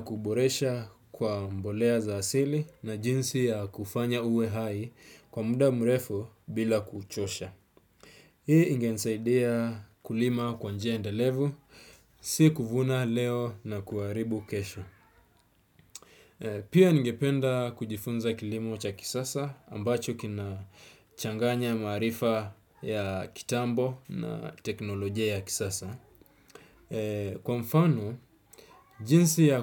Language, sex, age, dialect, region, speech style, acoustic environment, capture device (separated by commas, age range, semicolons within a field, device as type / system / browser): Swahili, male, 25-34, Kenya - Mombasa, Kenya, relaxed, silence, desktop / Windows / Chrome